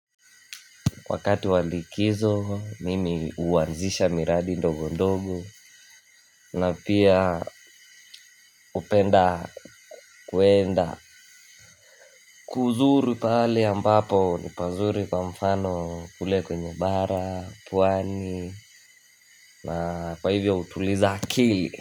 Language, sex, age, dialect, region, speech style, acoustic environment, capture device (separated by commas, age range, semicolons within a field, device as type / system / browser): Swahili, male, 18-24, Kenya - Mombasa, Kenya, happy, silence, mobile / Linux / Chrome